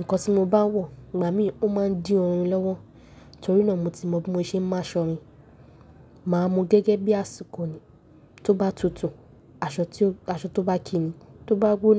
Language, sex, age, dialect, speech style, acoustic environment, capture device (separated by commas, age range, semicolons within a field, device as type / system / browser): Yoruba, female, 18-24, Nigeria - Nigerian Standard, happy; nervous; excited, people talking; nature, mobile / Linux / Chrome